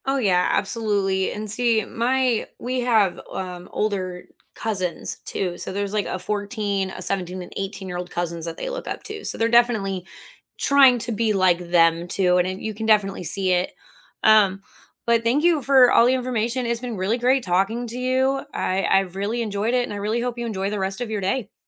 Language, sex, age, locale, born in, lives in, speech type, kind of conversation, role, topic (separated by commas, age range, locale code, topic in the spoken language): English, female, 30-34, en-US, United States, United States, conversation, unstructured, none, Which video games best bring friends together, whether you’re playing online or on the couch?